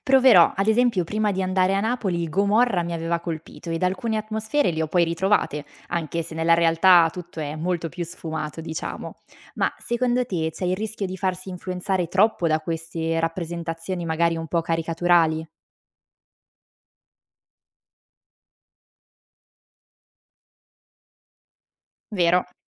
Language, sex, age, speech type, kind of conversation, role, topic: Italian, female, 25-29, read, expert-assistant, asker, Se volessi capire come vivono davvero le persone in una nuova città, da dove inizieresti la tua ricerca?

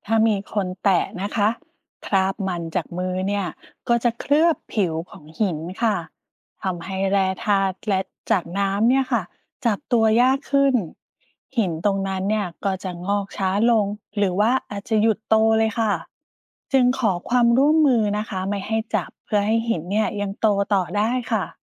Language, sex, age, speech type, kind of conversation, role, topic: Thai, female, 40-44, read, expert-assistant, expert, หินงอกหินย้อยในถ้ำเกิดขึ้นเร็วจริงไหม หรือเป็นแค่ความเชื่อ?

